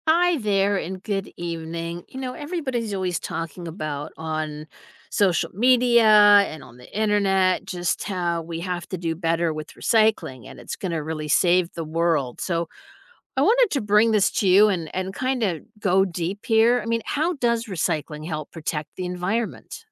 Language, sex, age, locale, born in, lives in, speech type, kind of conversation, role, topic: English, female, 55-59, en-US, United States, United States, read, expert-assistant, asker, How does recycling help protect the environment?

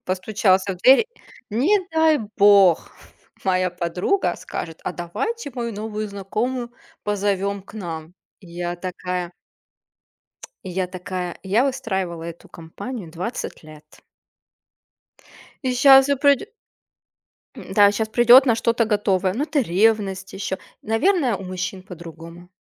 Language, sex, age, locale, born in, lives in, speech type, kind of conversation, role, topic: Russian, female, 30-34, ru-RU, Russia, Germany, conversation, unstructured, none, Почему люди иногда боятся новых людей в своём окружении?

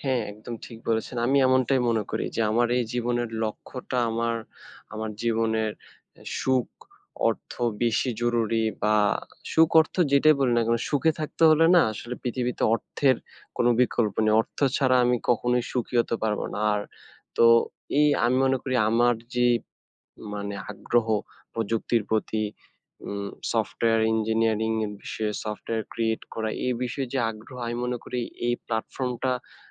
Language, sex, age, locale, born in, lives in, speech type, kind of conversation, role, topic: Bengali, male, 20-24, bn-BD, Bangladesh, Bangladesh, conversation, unstructured, none, আপনি আগামীতে কীভাবে জীবন যাপন করতে চান?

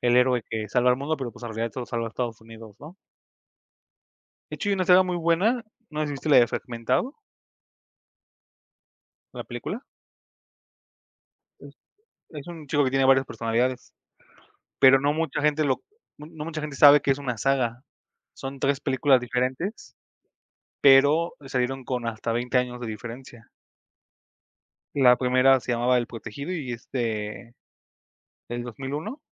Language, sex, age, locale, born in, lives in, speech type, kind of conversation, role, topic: Spanish, male, 25-29, es-MX, Mexico, Mexico, conversation, unstructured, none, ¿Crees que las películas repetitivas cansan al público?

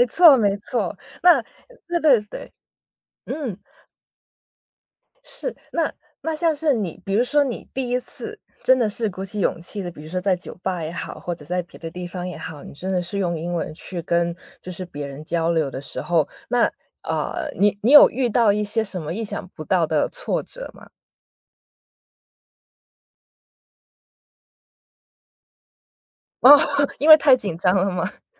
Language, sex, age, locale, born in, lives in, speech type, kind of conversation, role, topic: Chinese, female, 30-34, zh-CN, China, United States, conversation, podcast, host, 遇到学习挫折时，你通常会怎么应对？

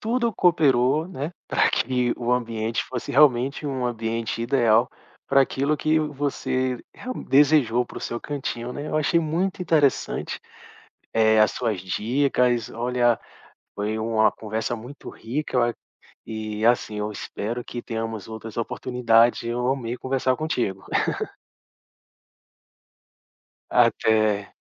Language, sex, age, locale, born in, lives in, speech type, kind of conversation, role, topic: Portuguese, male, 40-44, pt-BR, Brazil, Portugal, conversation, podcast, host, Como você organiza um cantinho de trabalho em casa?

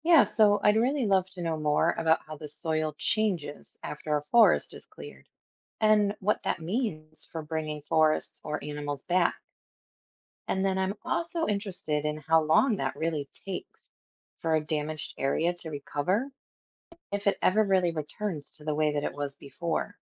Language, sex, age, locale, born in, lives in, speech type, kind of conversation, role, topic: English, female, 40-44, en-US, United States, United States, read, expert-assistant, asker, What are the effects of deforestation on global weather patterns?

